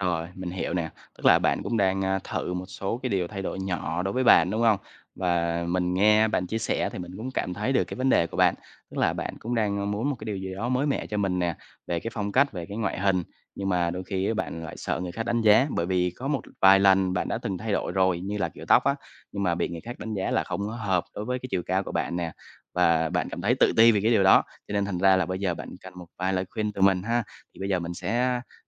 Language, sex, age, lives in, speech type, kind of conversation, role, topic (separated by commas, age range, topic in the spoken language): Vietnamese, male, 25-29, Vietnam, conversation, advice, advisor, Làm thế nào để thay đổi phong cách hoặc ngoại hình mà không quá lo bị người khác đánh giá?